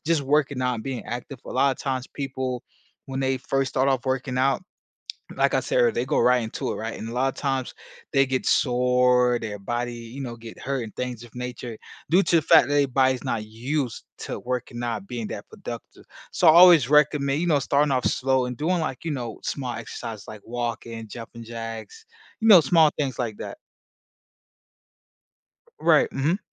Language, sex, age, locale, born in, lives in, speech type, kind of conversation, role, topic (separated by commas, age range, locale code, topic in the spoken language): English, male, 25-29, en-US, United States, United States, conversation, podcast, guest, What should beginners focus on when starting an exercise routine?